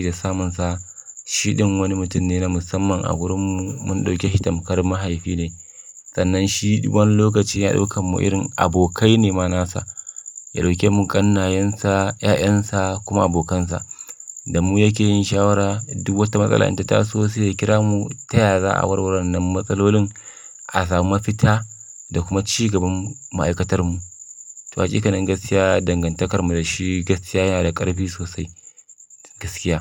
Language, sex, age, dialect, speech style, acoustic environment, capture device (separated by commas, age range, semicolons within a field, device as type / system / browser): Hausa, male, 25-34, Nigeria - Kano, happy, silence, mobile / Linux / Chrome